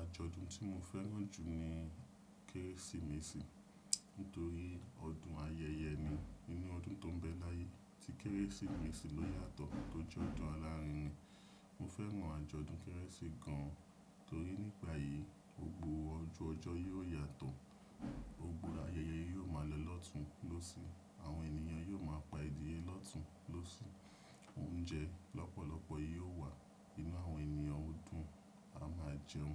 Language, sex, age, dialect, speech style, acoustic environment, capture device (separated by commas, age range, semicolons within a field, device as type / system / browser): Yoruba, male, 25-34, Nigeria - Nigerian Standard, relaxed, appliances, desktop / Windows / Chrome